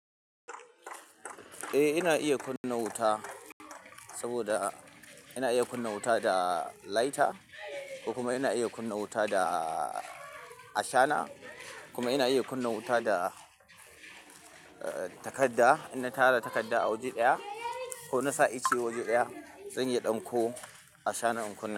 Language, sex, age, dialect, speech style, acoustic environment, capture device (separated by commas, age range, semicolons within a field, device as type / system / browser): Hausa, male, 25-34, Nigeria - Kano, focused, people talking, mobile / Linux / Chrome